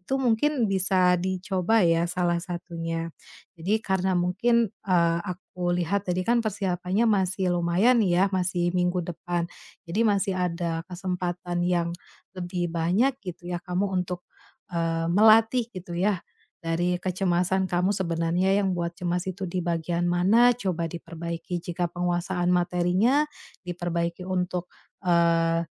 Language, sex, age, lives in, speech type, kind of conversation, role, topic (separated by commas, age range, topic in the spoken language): Indonesian, female, 30-34, Indonesia, conversation, advice, advisor, Apa yang biasanya membuat Anda merasa cemas sebelum presentasi penting, dan bagaimana Anda mengatasinya?